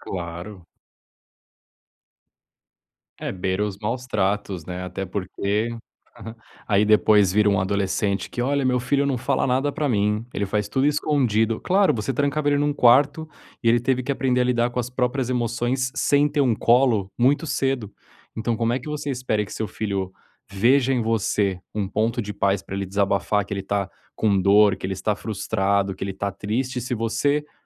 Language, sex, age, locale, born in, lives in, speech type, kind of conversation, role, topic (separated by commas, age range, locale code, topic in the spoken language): Portuguese, male, 30-34, pt-BR, Brazil, Spain, conversation, advice, advisor, Como manter boas relações na família apesar de divergências de valores?